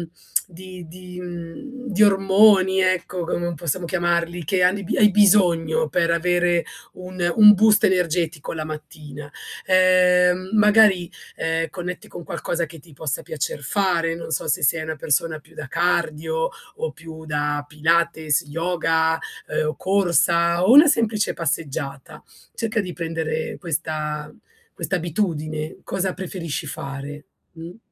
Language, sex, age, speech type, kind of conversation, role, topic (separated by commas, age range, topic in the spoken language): Italian, female, 40-44, conversation, advice, advisor, Come posso creare una routine mattutina per ridurre lo stress e prepararmi al meglio alla giornata?